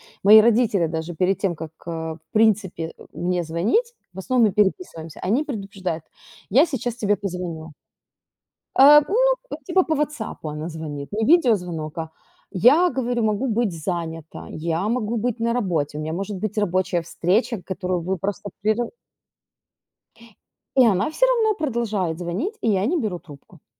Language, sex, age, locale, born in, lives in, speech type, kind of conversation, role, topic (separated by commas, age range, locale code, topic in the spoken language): Russian, female, 35-39, ru-RU, Ukraine, Italy, conversation, unstructured, none, Что делать, если родственники вмешиваются в личную жизнь?